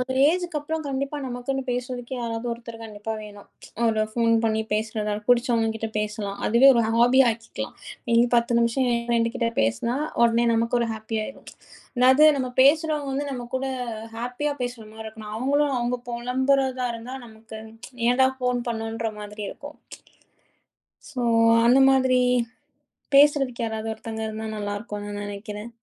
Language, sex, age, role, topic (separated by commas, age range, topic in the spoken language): Tamil, female, 30-34, guest, பழைய பொழுதுபோக்குகளை மீண்டும் தொடங்குவது உடல்நலத்துக்கும் மனநலத்துக்கும் எப்படி உதவுகிறது?